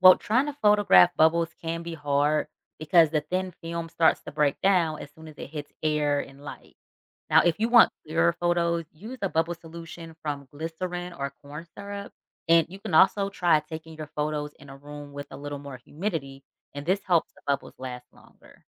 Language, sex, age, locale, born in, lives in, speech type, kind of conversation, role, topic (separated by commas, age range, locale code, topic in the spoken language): English, female, 35-39, en-US, United States, United States, read, expert-assistant, expert, Why do bubbles always form a round shape?